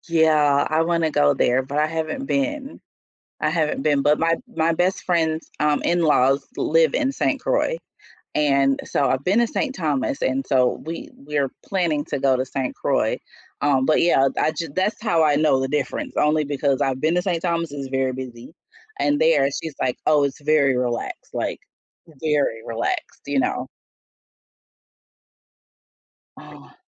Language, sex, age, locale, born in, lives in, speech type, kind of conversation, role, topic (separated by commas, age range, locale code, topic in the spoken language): English, female, 45-49, en-US, United States, United States, conversation, unstructured, none, What natural wonder took your breath away?